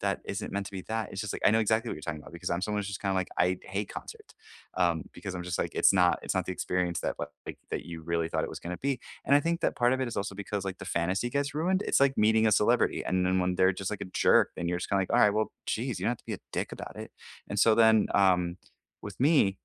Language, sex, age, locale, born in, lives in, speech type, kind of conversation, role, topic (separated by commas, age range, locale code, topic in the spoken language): English, male, 30-34, en-US, United States, United States, conversation, unstructured, none, What is the most unforgettable live performance you have experienced in person, and what made it special?